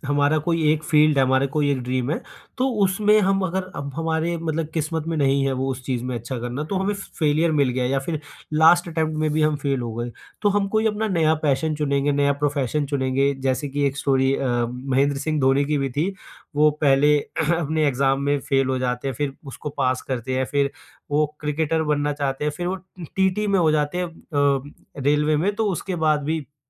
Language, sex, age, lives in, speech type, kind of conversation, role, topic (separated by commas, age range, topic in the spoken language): Hindi, male, 20-24, India, conversation, podcast, guest, Tumne kabhi kisi failure se kuch bada seekha? Kaise?